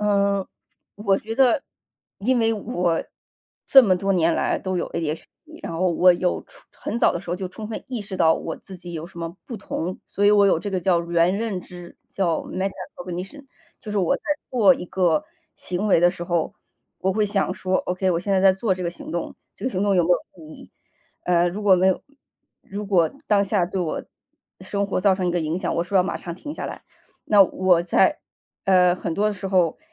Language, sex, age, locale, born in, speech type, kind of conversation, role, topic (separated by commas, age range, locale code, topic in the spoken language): Chinese, female, 35-39, zh-CN, China, conversation, podcast, guest, 学习受挫后你通常如何调整并重新开始？